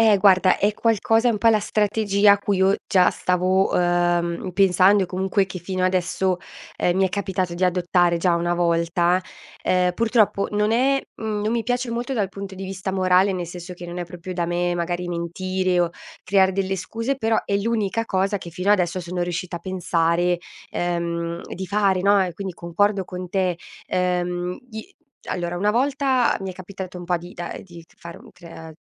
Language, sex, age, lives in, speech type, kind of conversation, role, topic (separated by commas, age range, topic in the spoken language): Italian, female, 30-34, Italy, conversation, advice, user, Come posso stabilire confini chiari con colleghi o con il capo?